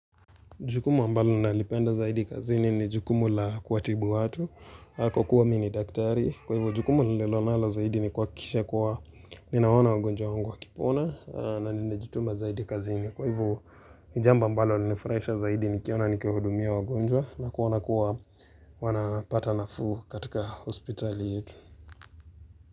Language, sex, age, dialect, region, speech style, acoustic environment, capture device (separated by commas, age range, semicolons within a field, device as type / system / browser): Swahili, male, 18-24, Kenya - Nairobi Sheng-influenced, Kenya, focused, music, mobile / Linux / Chrome